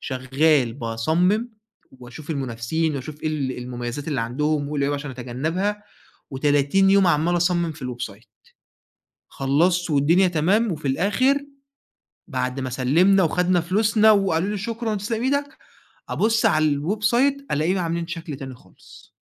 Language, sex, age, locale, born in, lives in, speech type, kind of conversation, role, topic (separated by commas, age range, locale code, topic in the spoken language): Arabic, male, 20-24, ar-EG, Egypt, Egypt, conversation, advice, user, إزاي أرجّع ثقتي في نفسي بعد غلطة كبيرة في الشغل وبقيت خايف أقدّم تاني؟